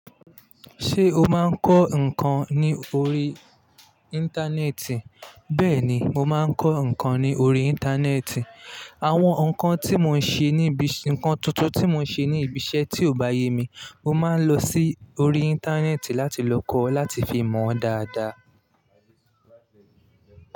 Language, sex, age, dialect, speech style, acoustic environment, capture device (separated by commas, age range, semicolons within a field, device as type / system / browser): Yoruba, male, 25-34, Nigeria - Lagos Yoruba, relaxed, nature, mobile / Linux / Chrome